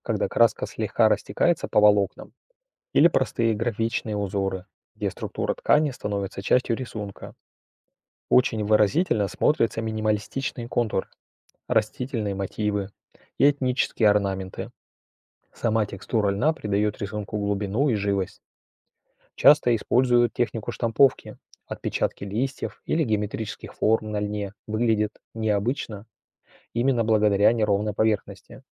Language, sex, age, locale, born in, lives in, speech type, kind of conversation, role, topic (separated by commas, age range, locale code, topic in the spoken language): Russian, male, 45-49, ru-RU, Russia, Germany, read, expert-assistant, expert, С чего лучше начать новичку: роспись по ткани или резьба по дереву?